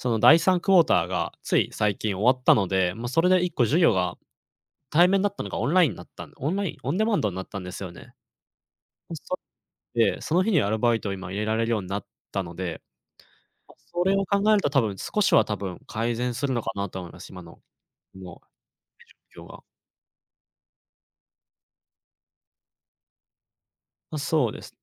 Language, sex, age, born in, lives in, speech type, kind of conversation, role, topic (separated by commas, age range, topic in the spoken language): Japanese, male, 20-24, Japan, Japan, conversation, advice, user, 収入が減って生活費の見直しを迫られている